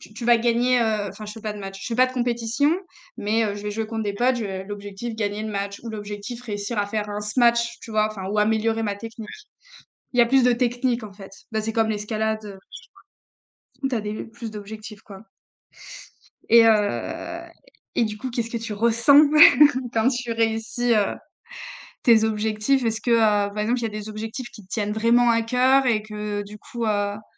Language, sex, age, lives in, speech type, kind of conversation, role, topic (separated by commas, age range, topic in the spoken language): French, female, 25-29, France, conversation, unstructured, none, Que ressens-tu après avoir atteint un objectif sportif ?